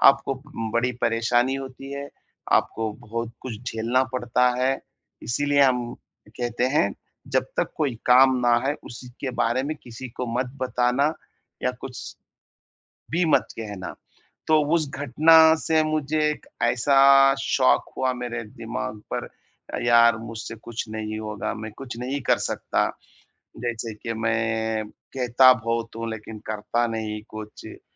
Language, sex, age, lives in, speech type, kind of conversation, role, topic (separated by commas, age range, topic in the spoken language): Hindi, male, 30-34, India, conversation, advice, user, मैं सफलता या असफलता से अपनी आत्म-कीमत को अलग कैसे रखूँ?